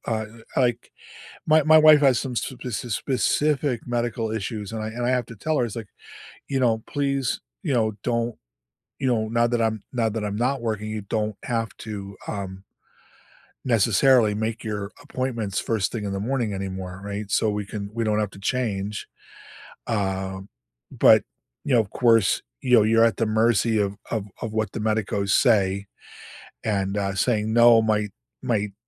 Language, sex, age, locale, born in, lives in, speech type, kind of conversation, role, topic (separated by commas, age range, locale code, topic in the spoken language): English, male, 65-69, en-US, United States, United States, conversation, unstructured, none, What would you change about your weekly routine to make more room for what truly matters?